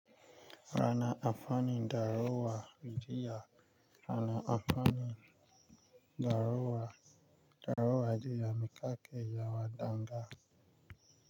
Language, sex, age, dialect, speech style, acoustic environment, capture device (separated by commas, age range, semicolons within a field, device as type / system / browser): Hausa, male, 25-34, Nigeria - Nigerian Standard, focused, nature, mobile / Linux / Chrome